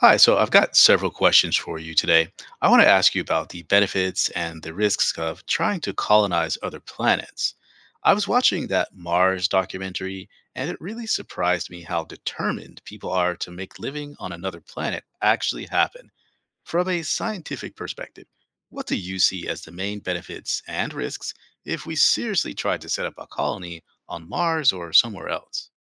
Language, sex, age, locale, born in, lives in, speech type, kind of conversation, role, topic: English, male, 45-49, en-US, United States, United States, read, expert-assistant, asker, What are the benefits and risks of trying to colonize other planets from an astrophysics perspective?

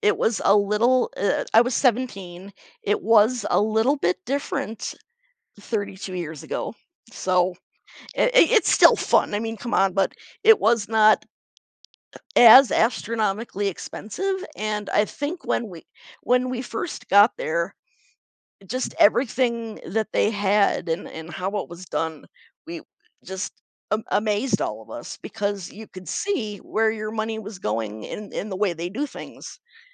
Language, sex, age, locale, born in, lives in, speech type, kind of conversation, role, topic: English, female, 45-49, en-US, United States, United States, conversation, podcast, guest, In what ways do family traditions influence who we become?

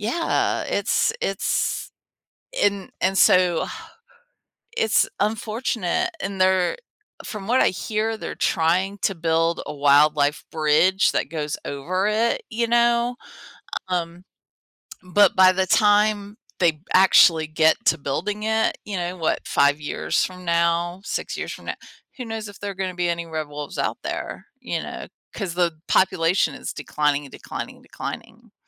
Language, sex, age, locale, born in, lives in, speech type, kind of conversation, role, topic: English, female, 50-54, en-US, United States, United States, conversation, podcast, guest, How does protecting wildlife affect the health of our planet and our own lives?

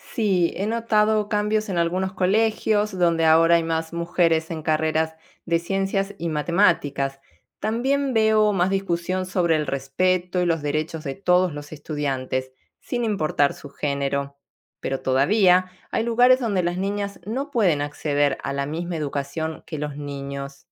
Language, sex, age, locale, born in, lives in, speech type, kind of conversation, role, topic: Spanish, female, 45-49, es-AR, Argentina, Italy, read, expert-assistant, asker, ¿Por qué es importante la igualdad de género en la educación?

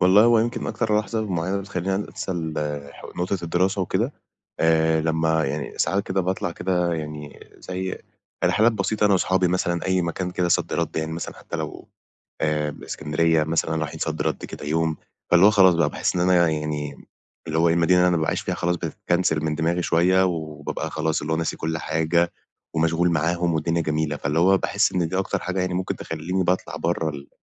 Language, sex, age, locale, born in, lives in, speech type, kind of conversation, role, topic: Arabic, male, 20-24, ar-EG, Egypt, Egypt, conversation, advice, user, إزاي أستمتع بوقت فراغي من غير ما أفكر في الضغوط؟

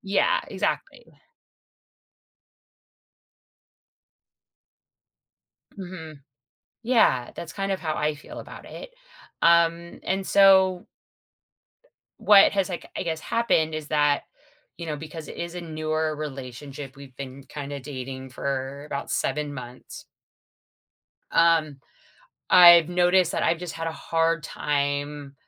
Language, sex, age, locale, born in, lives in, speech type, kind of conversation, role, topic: English, female, 40-44, en-US, United States, United States, conversation, advice, user, How can I improve communication in my relationship?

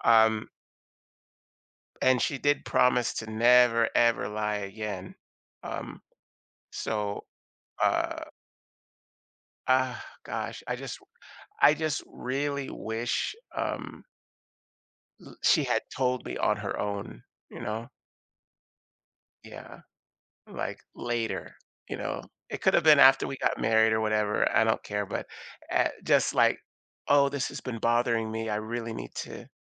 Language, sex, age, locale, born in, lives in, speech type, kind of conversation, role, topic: English, male, 55-59, en-US, United States, United States, conversation, advice, user, What should I do about my partner's dishonesty?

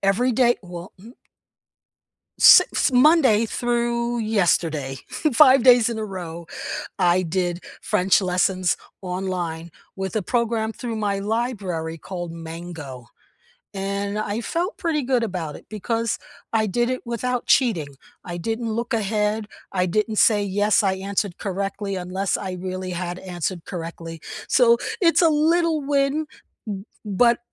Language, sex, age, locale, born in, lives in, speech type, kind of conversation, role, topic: English, female, 70-74, en-US, United States, United States, conversation, unstructured, none, What is a recent small win you’re proud of, and why did it matter to you?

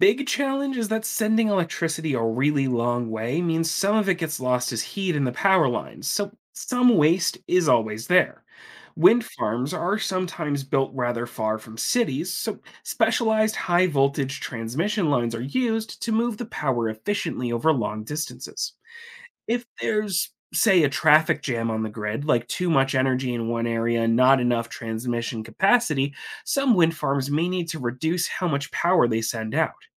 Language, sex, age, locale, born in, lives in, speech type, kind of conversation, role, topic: English, male, 25-29, en-US, United States, United States, read, expert-assistant, expert, How do wind turbines generate electricity from the wind?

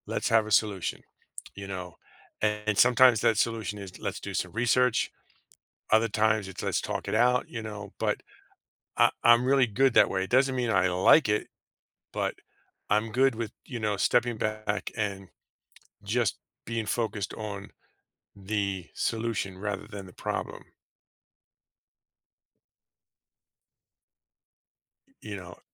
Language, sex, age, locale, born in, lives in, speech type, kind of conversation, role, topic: English, male, 50-54, en-US, United States, United States, conversation, advice, user, How can I know if I'm ready to become a parent?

